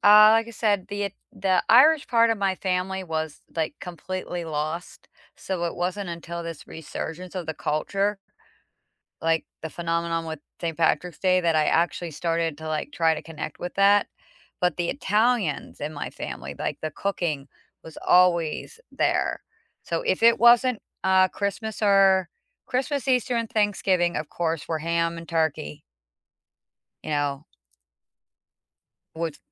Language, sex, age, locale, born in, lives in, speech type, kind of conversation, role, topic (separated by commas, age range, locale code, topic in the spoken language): English, female, 45-49, en-US, United States, United States, conversation, unstructured, none, What simple, meaningful ways are you staying connected to your cultural roots and identity these days?